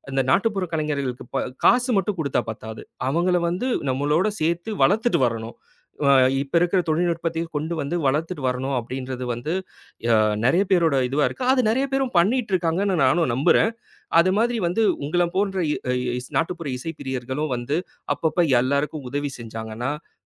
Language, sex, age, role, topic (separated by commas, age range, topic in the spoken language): Tamil, male, 30-34, host, நாட்டுப்புற இசை உங்களுக்கு எப்படிப் பிடித்தது?